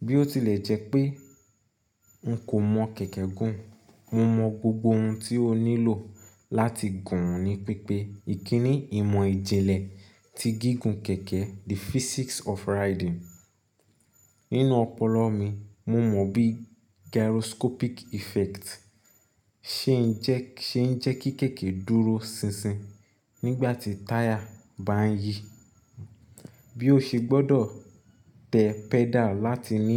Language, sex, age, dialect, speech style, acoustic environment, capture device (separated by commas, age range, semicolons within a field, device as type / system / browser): Yoruba, male, 25-34, Nigeria - Nigerian Standard, excited, nature, mobile / Linux / Chrome